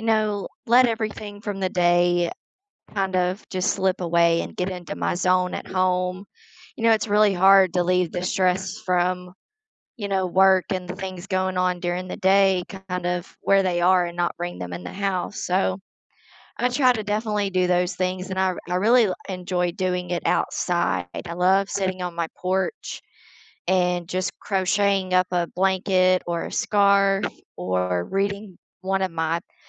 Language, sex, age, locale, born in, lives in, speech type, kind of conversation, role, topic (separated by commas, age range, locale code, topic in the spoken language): English, female, 35-39, en-US, United States, United States, conversation, unstructured, none, How do hobbies help you relax after a stressful day?